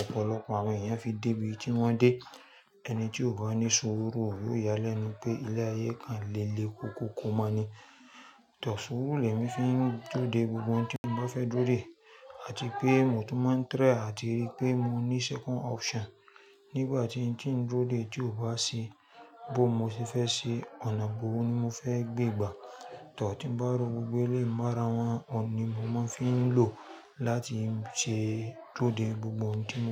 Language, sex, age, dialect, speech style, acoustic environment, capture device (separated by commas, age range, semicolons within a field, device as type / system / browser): Yoruba, female, 35-44, Nigeria - Lagos Yoruba, focused, nature, mobile / Linux / Chrome